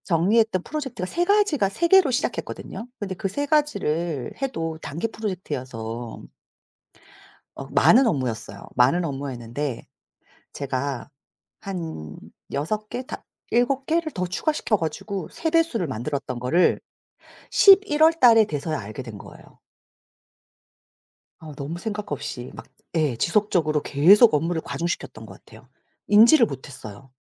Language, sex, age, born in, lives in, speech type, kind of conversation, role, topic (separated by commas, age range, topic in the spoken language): Korean, female, 40-44, South Korea, South Korea, conversation, advice, user, 업무 과중으로 지속적인 무기력과 탈진을 느끼는데, 어떻게 설명하면 좋을까요?